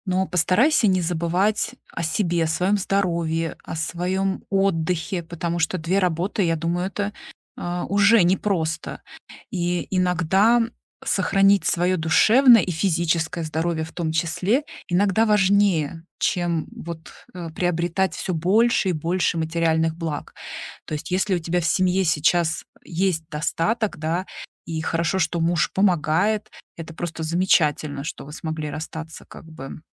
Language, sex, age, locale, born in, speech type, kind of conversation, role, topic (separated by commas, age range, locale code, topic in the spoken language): Russian, female, 40-44, ru-RU, Russia, conversation, advice, advisor, Как понять, что я могу контролировать, если я чувствую беспомощность перед непредсказуемостью жизни?